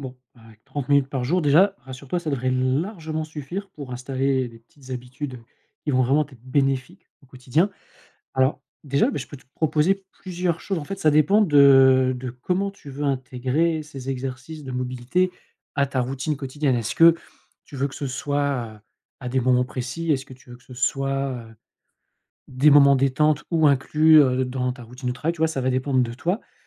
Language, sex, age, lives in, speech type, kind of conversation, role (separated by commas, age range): French, male, 40-44, France, conversation, advice, advisor